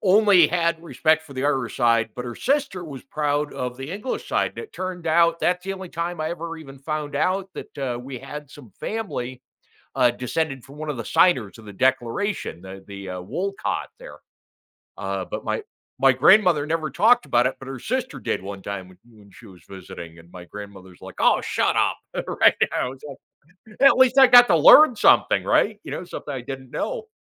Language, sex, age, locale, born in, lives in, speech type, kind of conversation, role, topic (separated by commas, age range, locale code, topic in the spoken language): English, male, 55-59, en-US, United States, United States, conversation, podcast, host, How do you celebrate important heritage holidays?